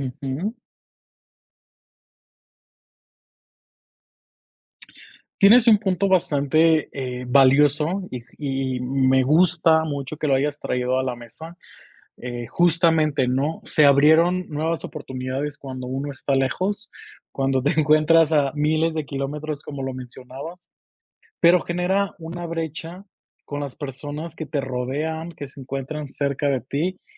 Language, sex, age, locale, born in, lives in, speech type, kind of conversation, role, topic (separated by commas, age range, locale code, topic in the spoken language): Spanish, male, 25-29, es-MX, Mexico, Mexico, conversation, podcast, guest, ¿Cómo han cambiado las relaciones familiares con las redes sociales?